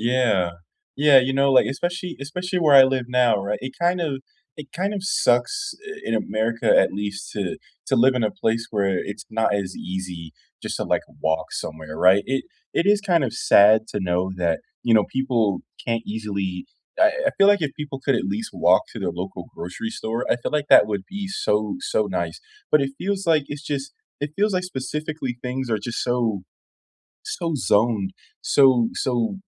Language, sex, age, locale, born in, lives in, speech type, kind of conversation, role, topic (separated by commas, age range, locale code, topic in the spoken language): English, male, 25-29, en-US, United States, United States, conversation, unstructured, none, What is your favorite green, low-impact way to get around your town?